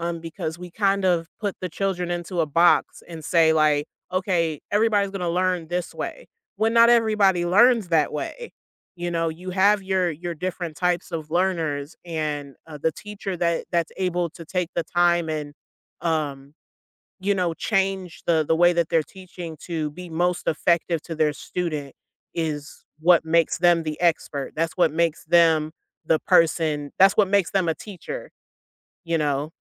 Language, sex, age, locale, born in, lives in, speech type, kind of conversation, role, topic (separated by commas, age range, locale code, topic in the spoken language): English, female, 30-34, en-US, United States, United States, conversation, podcast, guest, What qualities help teachers make a lasting impact on their students?